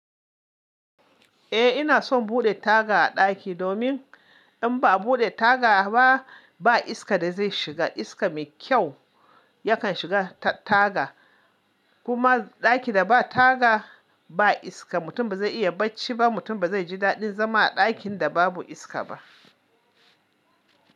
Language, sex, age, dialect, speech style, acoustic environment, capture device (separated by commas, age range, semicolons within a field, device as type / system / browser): Hausa, male, 25-34, Nigeria - Kano, anxious, appliances, mobile / Linux / Chrome